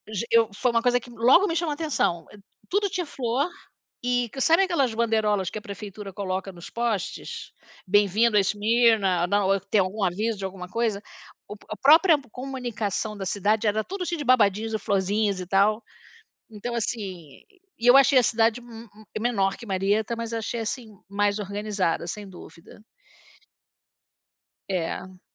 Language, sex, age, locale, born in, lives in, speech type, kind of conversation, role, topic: Portuguese, female, 60-64, pt-BR, Brazil, United States, conversation, unstructured, none, O que te deixa feliz ao ver uma cidade bem cuidada?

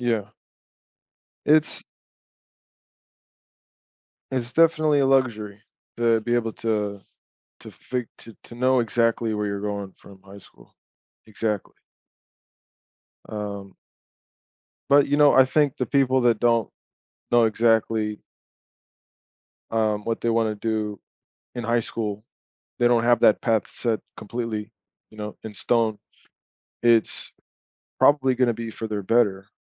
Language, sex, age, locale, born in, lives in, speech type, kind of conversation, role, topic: English, male, 35-39, en-US, United States, United States, conversation, unstructured, none, Would you rather invest in relationships or personal growth?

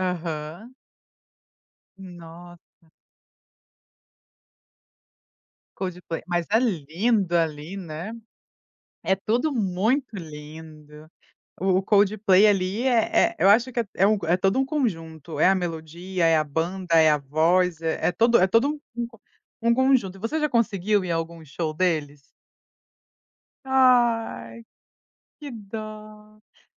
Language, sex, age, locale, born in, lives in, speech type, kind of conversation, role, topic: Portuguese, female, 30-34, pt-BR, Brazil, United States, conversation, podcast, host, O que normalmente te faz revisitar músicas antigas?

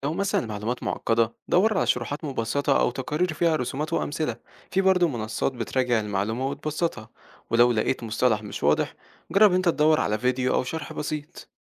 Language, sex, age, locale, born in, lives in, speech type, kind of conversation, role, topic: Arabic, male, 18-19, ar-EG, Egypt, Egypt, read, expert-assistant, expert, إزاي أتعامل مع الأخبار الكتير والمعلومات المتضاربة في الإعلام عشان أخد قرارات صح في حياتي؟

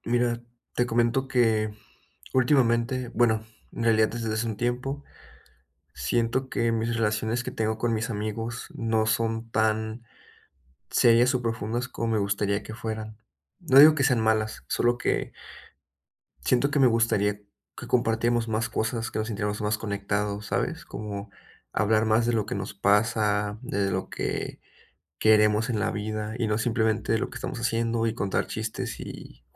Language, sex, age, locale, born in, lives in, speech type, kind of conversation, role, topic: Spanish, male, 20-24, es-MX, Mexico, Mexico, conversation, advice, user, ¿Cómo puedo crear conexiones más significativas con mis conocidos?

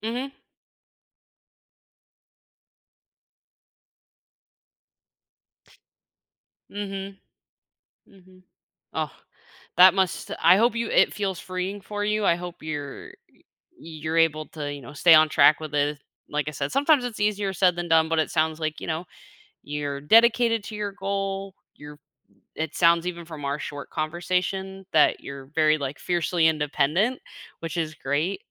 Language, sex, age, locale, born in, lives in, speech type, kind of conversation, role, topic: English, female, 25-29, en-US, United States, United States, conversation, advice, advisor, How can I break my long-term goal into daily steps?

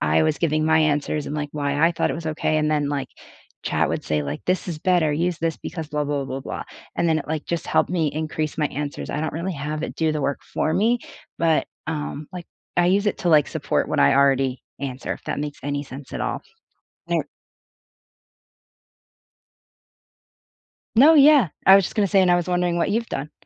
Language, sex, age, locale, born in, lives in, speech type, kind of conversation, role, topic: English, female, 25-29, en-US, United States, United States, conversation, unstructured, none, What study habits have actually worked for you?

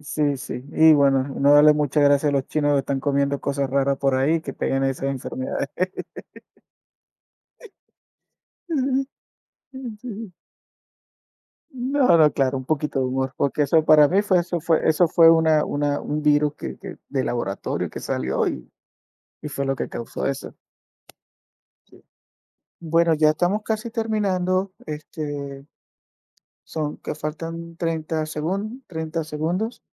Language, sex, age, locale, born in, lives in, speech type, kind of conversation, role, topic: Spanish, male, 45-49, es-VE, Venezuela, United States, conversation, unstructured, none, ¿Alguna vez has sentido que tu trabajo te ha agotado emocionalmente?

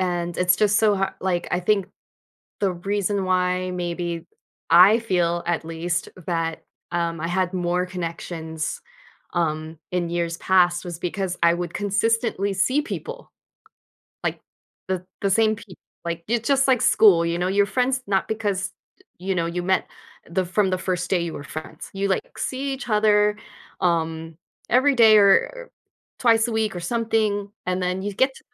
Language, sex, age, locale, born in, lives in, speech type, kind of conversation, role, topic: English, female, 40-44, en-US, United States, United States, conversation, advice, user, How can I balance work and personal life?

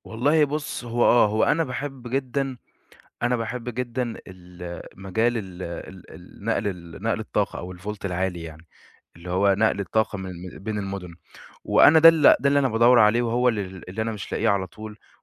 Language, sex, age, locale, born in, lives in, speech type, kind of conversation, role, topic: Arabic, male, 20-24, ar-EG, Egypt, Egypt, conversation, advice, user, إزاي بتتعامل مع توقعات أهلك عن شغلك علشان تنجح في وظيفة معينة؟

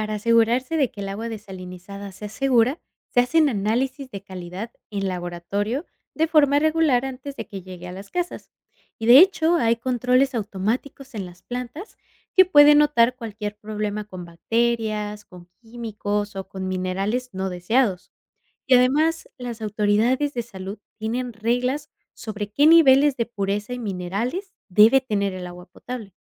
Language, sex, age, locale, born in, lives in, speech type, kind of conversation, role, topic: Spanish, female, 25-29, es-MX, Mexico, United States, read, expert-assistant, expert, Describe el proceso de desalinización y su utilidad en zonas áridas.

